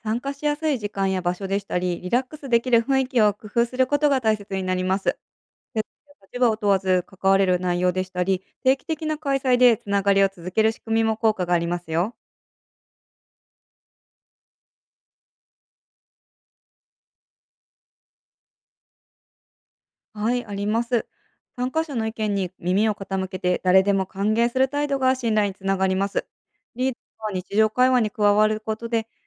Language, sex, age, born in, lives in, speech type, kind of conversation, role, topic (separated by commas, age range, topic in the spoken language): Japanese, female, 30-34, Japan, United States, read, expert-assistant, expert, 支援が必要な人が相談しづらい雰囲気があるとき、どんな原因が考えられますか？